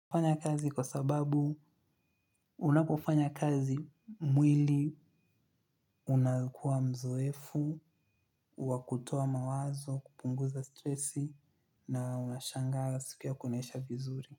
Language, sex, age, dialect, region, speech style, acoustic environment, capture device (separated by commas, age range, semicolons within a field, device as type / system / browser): Swahili, male, 25-34, Kenya - Nairobi Sheng-influenced, Kenya, focused; happy, silence; appliances, mobile / Linux / Chrome